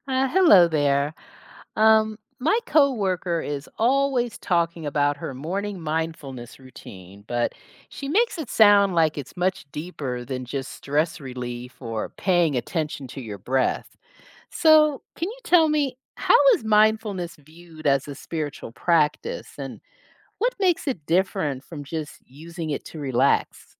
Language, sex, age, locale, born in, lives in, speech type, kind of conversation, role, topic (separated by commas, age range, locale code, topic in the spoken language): English, female, 55-59, en-US, United States, United States, read, expert-assistant, asker, What does mindfulness mean when it is practiced as a spiritual discipline?